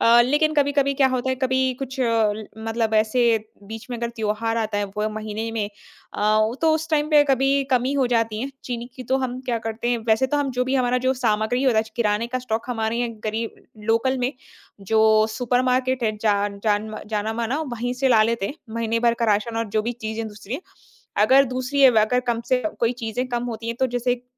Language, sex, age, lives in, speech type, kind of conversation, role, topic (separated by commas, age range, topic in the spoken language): Hindi, female, 25-29, India, conversation, podcast, guest, किराने के सामान का स्टॉक संभालने का आपका रोज़ाना का रूटीन क्या है?